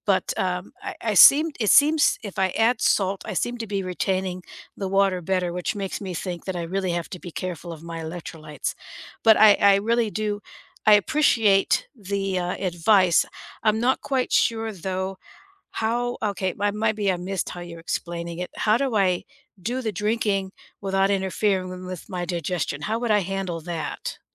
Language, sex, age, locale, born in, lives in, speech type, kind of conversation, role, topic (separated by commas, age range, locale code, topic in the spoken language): English, female, 60-64, en-US, United States, United States, conversation, unstructured, none, How do you stay hydrated throughout the day?